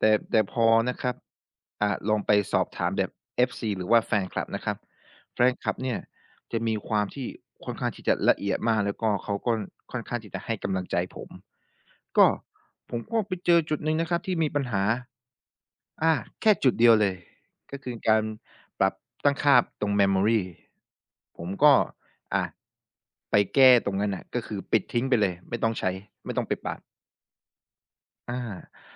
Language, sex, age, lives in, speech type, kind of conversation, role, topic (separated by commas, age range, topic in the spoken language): Thai, male, 25-29, Thailand, conversation, podcast, guest, เคยมีการทดลองที่ล้มเหลวแต่กลับต่อยอดจนกลายเป็นผลงานที่ดีได้ไหม คุณเล่าให้ฟังได้ไหม?